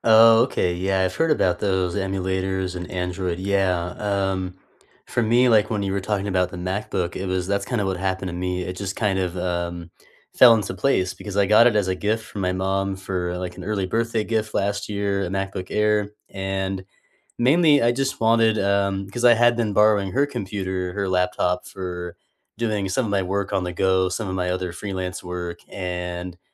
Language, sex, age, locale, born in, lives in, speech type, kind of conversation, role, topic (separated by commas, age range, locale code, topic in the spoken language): English, male, 30-34, en-US, United States, United States, conversation, unstructured, none, What made you switch between iPhone and Android, and how did it go?